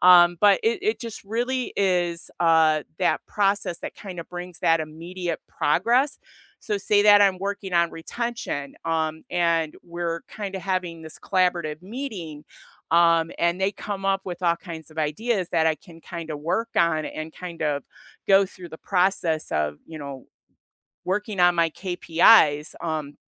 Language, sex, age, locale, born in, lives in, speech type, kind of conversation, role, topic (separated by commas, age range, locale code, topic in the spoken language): English, female, 55-59, en-US, United States, United States, conversation, unstructured, none, What meeting formats have genuinely helped your team?